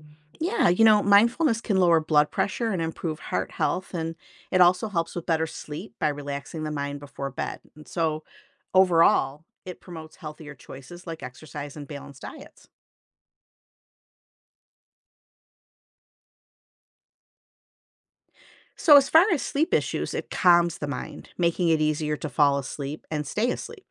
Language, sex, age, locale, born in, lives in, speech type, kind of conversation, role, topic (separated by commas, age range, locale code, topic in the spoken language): English, female, 55-59, en-US, United States, United States, read, expert-assistant, expert, What are the advantages of practicing mindfulness?